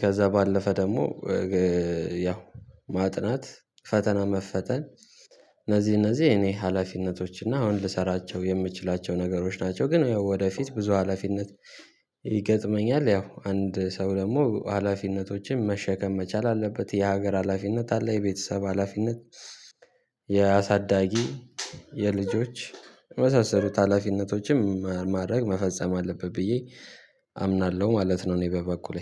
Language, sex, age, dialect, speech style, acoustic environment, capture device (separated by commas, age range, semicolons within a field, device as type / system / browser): Amharic, male, 18-24, Ethiopia - Addis Ababa, happy; excited, silence, mobile / Linux / Chrome